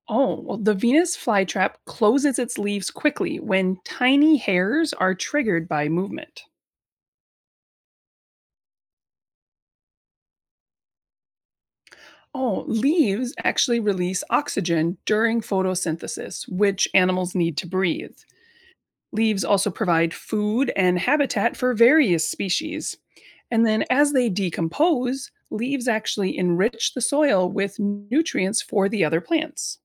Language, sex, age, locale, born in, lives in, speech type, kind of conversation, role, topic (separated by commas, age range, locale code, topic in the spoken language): English, female, 35-39, en-US, United States, United States, read, expert-assistant, expert, Can you describe the different types of leaves?